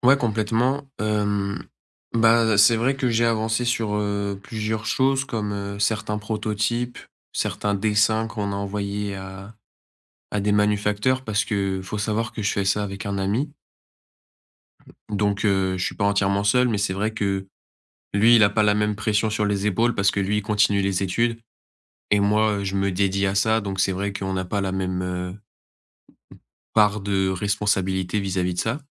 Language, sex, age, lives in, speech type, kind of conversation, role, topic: French, male, 20-24, France, conversation, advice, user, Comment gérer la pression de réussir?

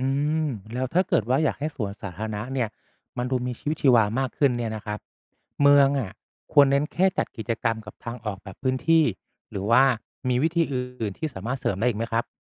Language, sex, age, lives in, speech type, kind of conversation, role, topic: Thai, male, 35-39, Thailand, read, expert-assistant, asker, พื้นที่ในเมืองมีผลกับความรู้สึกเป็นส่วนหนึ่งของสังคมหรือเปล่า?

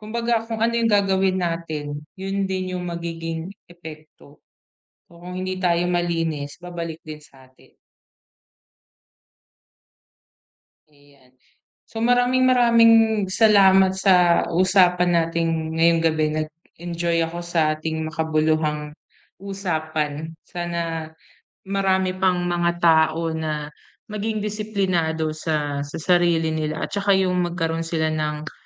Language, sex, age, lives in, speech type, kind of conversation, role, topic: Filipino, female, 30-34, Philippines, conversation, podcast, host, Paano natin mapapangalagaan ang mga ilog at sapa sa ating barangay?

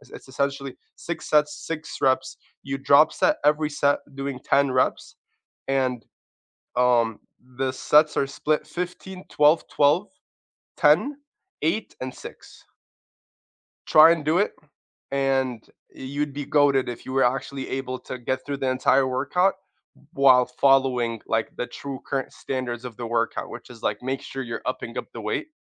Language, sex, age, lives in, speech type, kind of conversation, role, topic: English, male, 25-29, United States, conversation, unstructured, none, How do you think exercise affects your energy levels?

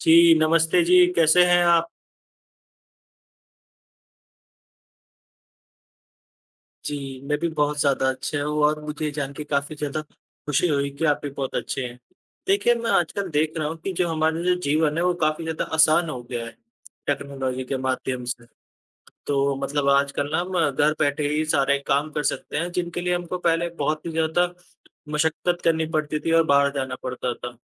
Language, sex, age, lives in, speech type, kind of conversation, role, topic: Hindi, female, 25-29, India, conversation, unstructured, none, क्या तकनीक ने आपके काम करने का तरीका बदला है?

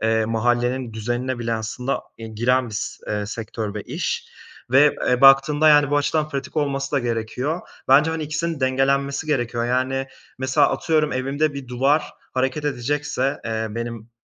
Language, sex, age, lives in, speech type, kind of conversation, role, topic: Turkish, male, 25-29, Italy, conversation, podcast, guest, Yaratıcı tıkanıklığını aşmak için neler yaparsın, hangi ipuçlarını önerirsin?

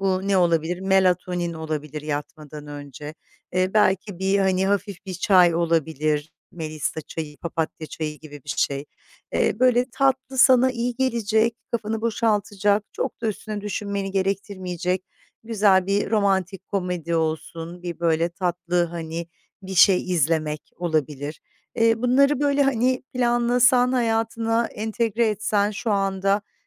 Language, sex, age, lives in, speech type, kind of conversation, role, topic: Turkish, female, 50-54, Italy, conversation, advice, advisor, İş ve dinlenme dengesini nasıl kurabilirim, sürekli yorgun hissetmemi nasıl azaltabilirim?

